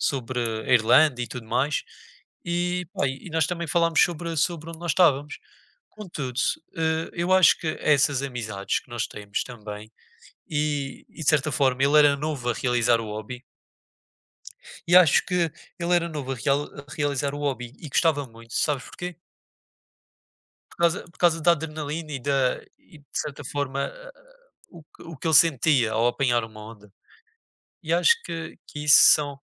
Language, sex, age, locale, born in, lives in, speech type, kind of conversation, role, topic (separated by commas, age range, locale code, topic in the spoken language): Portuguese, male, 20-24, pt-PT, Portugal, Portugal, conversation, unstructured, none, O que faz um hobby ser especial para você?